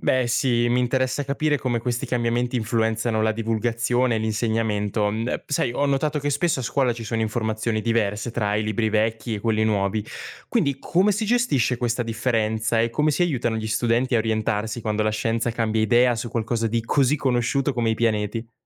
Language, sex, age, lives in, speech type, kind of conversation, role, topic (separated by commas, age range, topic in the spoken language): Italian, male, 20-24, Italy, read, expert-assistant, asker, Perché Plutone non è più considerato un pianeta vero? Ci sono altri casi simili che rendono difficile capire chi entra o esce dalla lista dei pianeti?